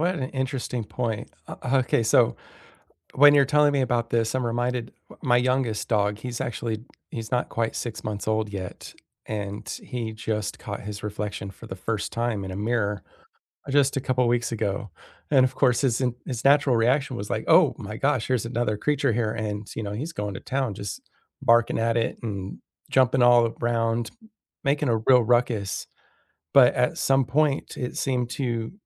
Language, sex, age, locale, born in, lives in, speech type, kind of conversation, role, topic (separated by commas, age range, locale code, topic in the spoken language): English, male, 40-44, en-US, United States, United States, conversation, unstructured, none, Have you ever been surprised by how animals help each other?